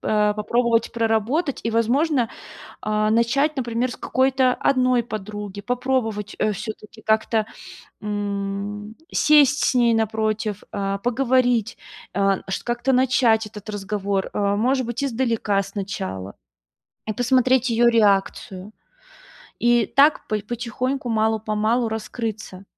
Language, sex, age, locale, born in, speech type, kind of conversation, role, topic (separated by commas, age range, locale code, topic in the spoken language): Russian, female, 30-34, ru-RU, Russia, conversation, advice, advisor, Как перестать бояться просить о помощи, когда мне эмоционально тяжело?